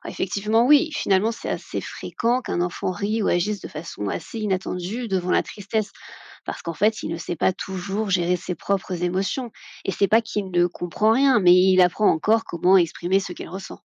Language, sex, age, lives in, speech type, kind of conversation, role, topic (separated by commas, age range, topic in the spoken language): French, female, 30-34, France, read, expert-assistant, expert, À quel âge et comment les enfants apprennent-ils l’empathie ?